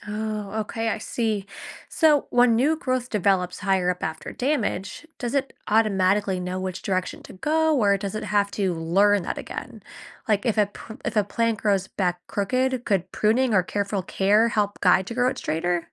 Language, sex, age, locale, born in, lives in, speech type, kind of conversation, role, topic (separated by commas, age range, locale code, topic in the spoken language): English, female, 25-29, en-US, United States, United States, read, expert-assistant, asker, How do plants determine growth direction?